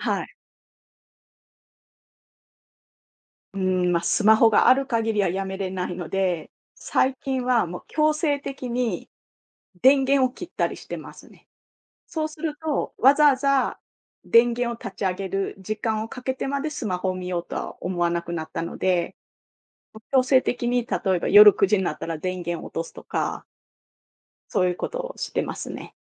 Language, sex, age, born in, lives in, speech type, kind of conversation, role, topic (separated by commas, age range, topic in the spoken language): Japanese, female, 45-49, Japan, Japan, conversation, unstructured, none, 健康のためにやめたほうがいい習慣は何だと思いますか？